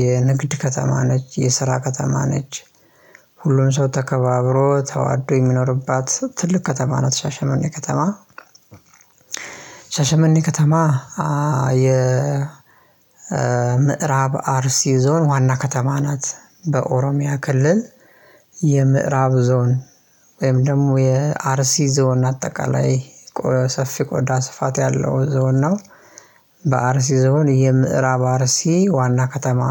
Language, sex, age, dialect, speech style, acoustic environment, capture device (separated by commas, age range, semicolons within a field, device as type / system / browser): Amharic, male, 25-34, Ethiopia - Addis Ababa, happy; relaxed, silence, mobile / Linux / Chrome